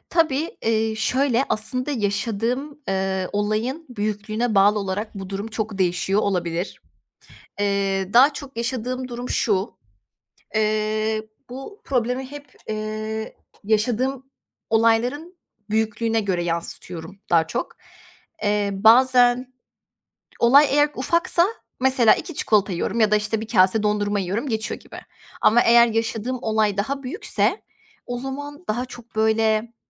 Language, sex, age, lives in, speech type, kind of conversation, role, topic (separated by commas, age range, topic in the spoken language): Turkish, female, 25-29, Poland, conversation, advice, user, Sağlıksız bir başa çıkma yöntemi olarak aşırı yeme veya alkol kullanımı yaşadığınızı düşünüyor musunuz?